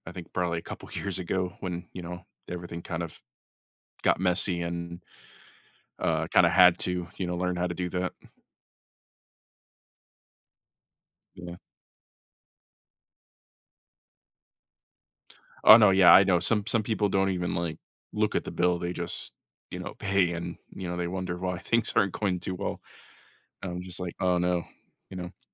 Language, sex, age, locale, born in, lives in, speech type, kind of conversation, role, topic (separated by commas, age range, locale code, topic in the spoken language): English, male, 25-29, en-US, United States, United States, conversation, advice, user, How can I manage my budget and reduce stress when I'm worried about covering next month's bills?